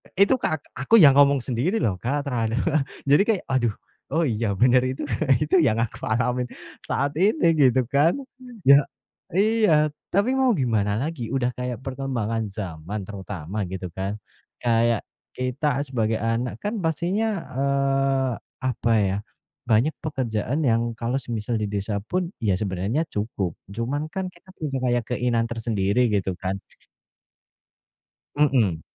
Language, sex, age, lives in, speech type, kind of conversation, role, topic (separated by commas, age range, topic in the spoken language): Indonesian, male, 30-34, Indonesia, conversation, unstructured, none, Apa yang membuat orang sering merasa stres tentang uang?